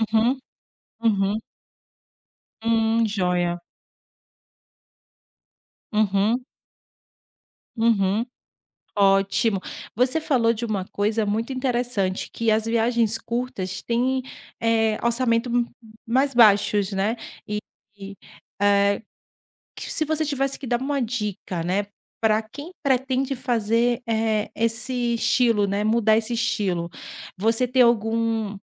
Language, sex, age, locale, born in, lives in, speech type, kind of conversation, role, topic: Portuguese, female, 35-39, pt-BR, Brazil, Portugal, conversation, podcast, host, O que te inspira a fazer viagens curtas?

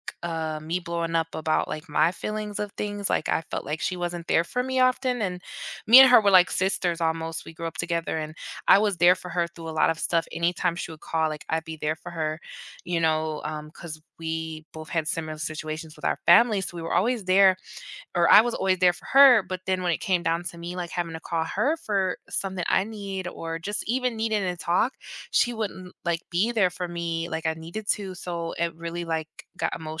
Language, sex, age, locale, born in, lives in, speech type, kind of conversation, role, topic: English, female, 30-34, en-US, United States, United States, conversation, unstructured, none, What is the hardest part about negotiating your needs with others?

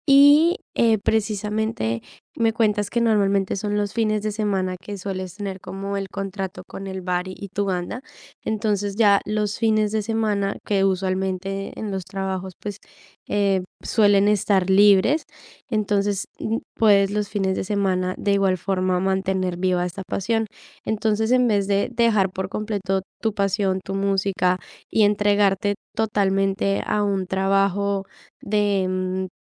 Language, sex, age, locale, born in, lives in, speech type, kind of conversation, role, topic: Spanish, female, 18-19, es-CO, Colombia, Italy, conversation, advice, advisor, ¿Cómo puedo planificar mi presupuesto si mis ingresos son irregulares?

